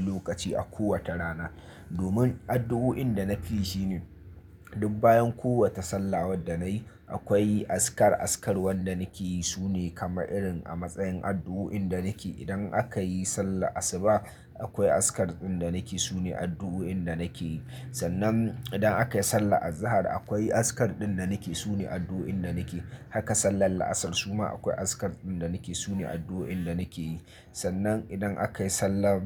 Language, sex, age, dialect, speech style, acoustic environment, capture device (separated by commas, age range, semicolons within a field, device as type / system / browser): Hausa, male, 25-34, Nigeria - Nigerian Standard, focused, silence, mobile / Linux / Chrome